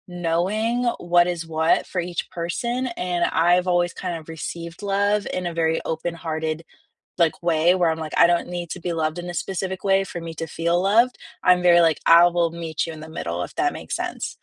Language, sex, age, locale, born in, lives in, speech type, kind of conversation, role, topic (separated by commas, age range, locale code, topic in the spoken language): English, female, 20-24, en-US, United States, United States, conversation, unstructured, none, How do you show care in everyday moments, and which love languages feel most natural to you so you can understand and appreciate each other better?